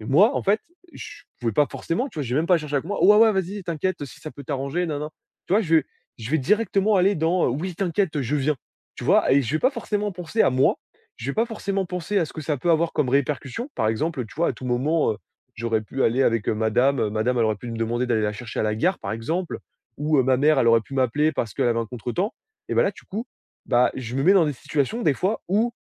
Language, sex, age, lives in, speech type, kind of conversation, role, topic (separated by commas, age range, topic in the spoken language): French, male, 20-24, France, conversation, advice, user, Comment puis-je apprendre à dire non et à fixer des limites personnelles ?